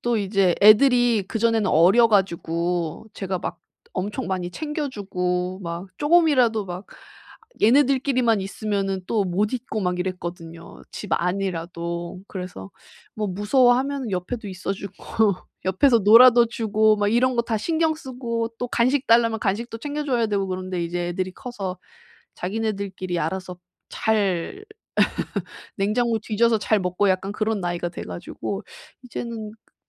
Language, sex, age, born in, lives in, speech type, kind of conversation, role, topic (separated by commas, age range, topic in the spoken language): Korean, female, 30-34, South Korea, Japan, conversation, advice, user, 깊은 집중 상태에 더 오래 들어가려면 어떻게 해야 하나요?